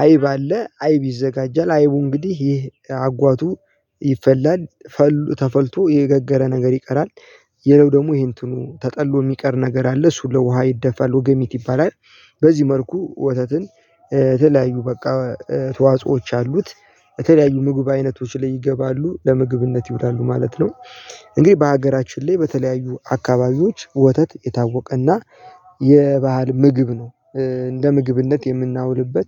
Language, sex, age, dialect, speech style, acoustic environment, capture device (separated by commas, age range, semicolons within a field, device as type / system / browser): Amharic, male, 18-24, Ethiopia - Gojjam, happy, people talking, mobile / Linux / Chrome